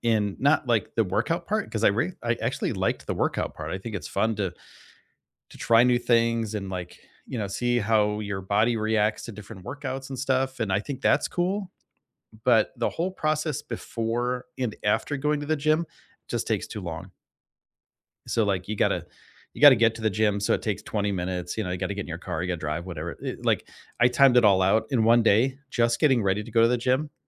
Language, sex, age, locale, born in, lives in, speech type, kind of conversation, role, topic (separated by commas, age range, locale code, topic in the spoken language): English, male, 45-49, en-US, United States, United States, conversation, unstructured, none, What recent win—big or small—are you most proud of, and why?